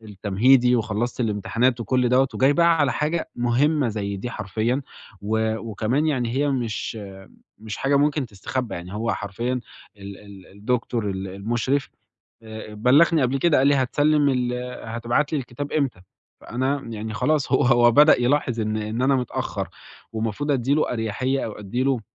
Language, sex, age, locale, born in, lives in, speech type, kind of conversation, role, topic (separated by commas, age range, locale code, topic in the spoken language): Arabic, male, 20-24, ar-EG, Egypt, Italy, conversation, advice, user, إزاي أبطل أأجل المهام المهمة وأركز على إنجازها؟